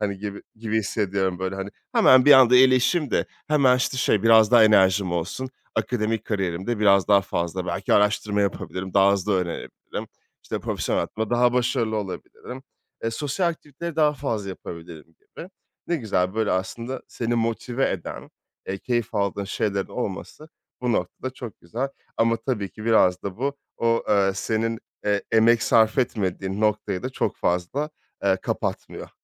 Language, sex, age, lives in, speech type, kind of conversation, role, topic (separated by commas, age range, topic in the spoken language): Turkish, male, 30-34, France, conversation, podcast, host, Hastalık sonrası enerjiyi geri kazanmak için hangi adımları atıyorsun?